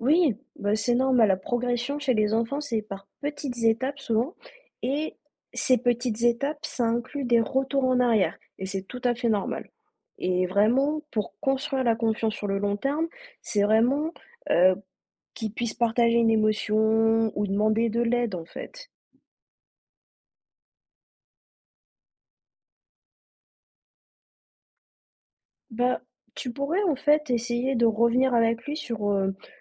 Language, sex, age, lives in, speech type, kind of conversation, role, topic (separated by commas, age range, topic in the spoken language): French, female, 30-34, France, read, expert-assistant, expert, Comment expliquer que les élèves décrochent rapidement sur une nouvelle plateforme éducative ?